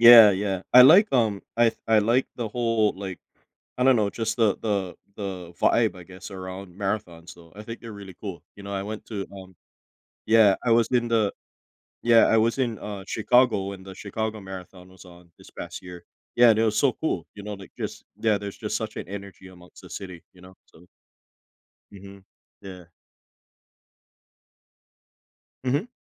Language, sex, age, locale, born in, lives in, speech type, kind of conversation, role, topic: English, male, 35-39, en-US, United States, United States, conversation, podcast, host, What helps people move past fear when facing new challenges?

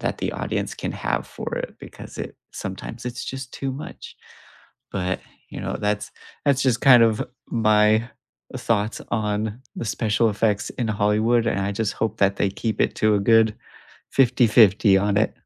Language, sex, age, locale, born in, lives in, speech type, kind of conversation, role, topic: English, male, 35-39, en-US, United States, United States, conversation, unstructured, none, Do you think movies today rely too much on special effects?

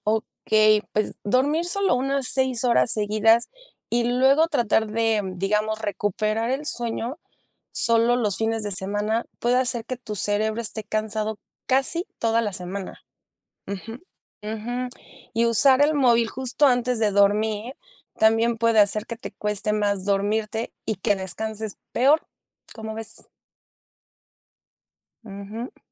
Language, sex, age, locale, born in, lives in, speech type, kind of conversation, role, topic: Spanish, female, 35-39, es-MX, Mexico, Mexico, read, expert-assistant, expert, Cómo influye el sueño en el rendimiento académico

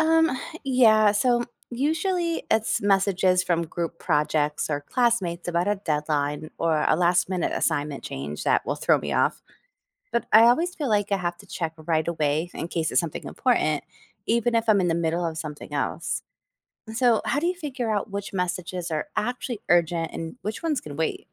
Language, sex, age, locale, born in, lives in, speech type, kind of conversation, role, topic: English, female, 40-44, en-US, United States, United States, read, expert-assistant, asker, How can daily planning minimize distractions and increase focus?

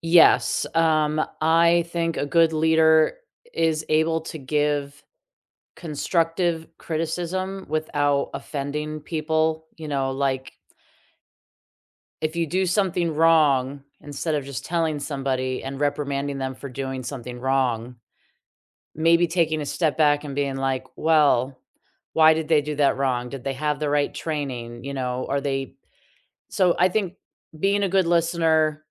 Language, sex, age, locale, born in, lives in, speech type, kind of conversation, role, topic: English, female, 40-44, en-US, United States, United States, conversation, unstructured, none, What do you think makes a good leader?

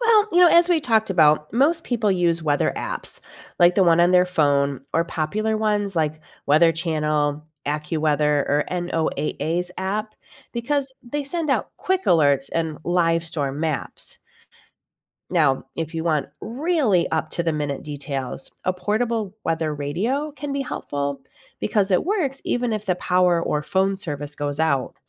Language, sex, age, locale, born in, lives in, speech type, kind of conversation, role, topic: English, female, 45-49, en-US, United States, United States, read, expert-assistant, expert, What makes a tornado different from a hurricane?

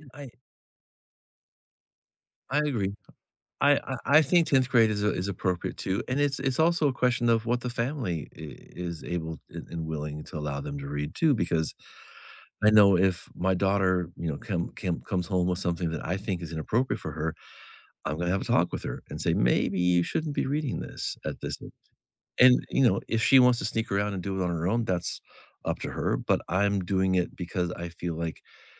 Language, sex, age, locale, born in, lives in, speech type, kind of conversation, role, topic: English, male, 50-54, en-US, United States, United States, conversation, unstructured, none, What is your opinion on schools banning certain books or topics?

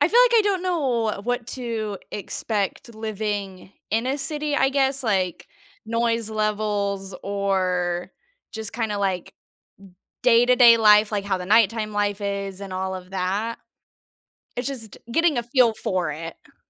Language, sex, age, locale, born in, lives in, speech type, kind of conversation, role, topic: English, female, 20-24, en-US, United States, United States, conversation, advice, user, How do I find housing and make friends in a new city?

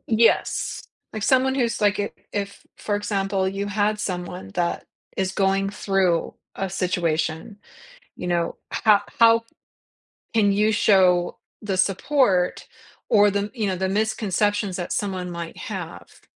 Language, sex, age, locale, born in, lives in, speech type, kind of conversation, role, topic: English, female, 55-59, en-US, United States, United States, conversation, podcast, host, What are thoughtful ways to be there for someone who is grieving?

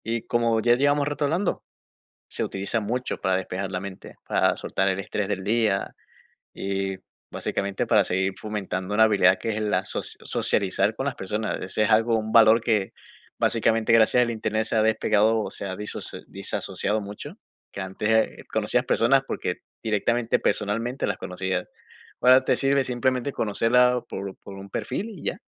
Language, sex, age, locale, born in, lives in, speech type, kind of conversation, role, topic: Spanish, male, 25-29, es-MX, Venezuela, United States, conversation, unstructured, none, ¿Qué tan importante es para ti tener un pasatiempo en tu vida diaria?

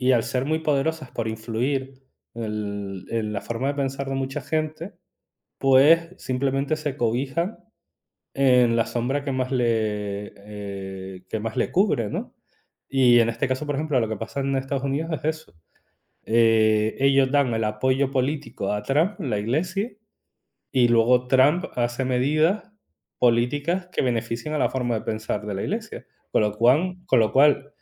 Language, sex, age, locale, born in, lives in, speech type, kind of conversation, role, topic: Spanish, male, 35-39, es-ES, Spain, Spain, conversation, unstructured, none, ¿Es peligroso mezclar la religión y la política?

